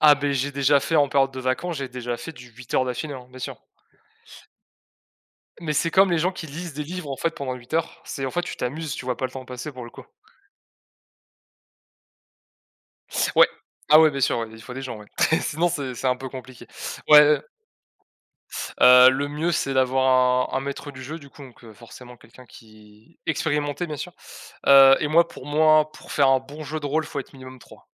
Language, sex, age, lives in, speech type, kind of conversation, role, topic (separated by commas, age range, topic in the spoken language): French, male, 20-24, France, conversation, unstructured, none, Préférez-vous les soirées de jeux de rôle ou les soirées de jeux de société ?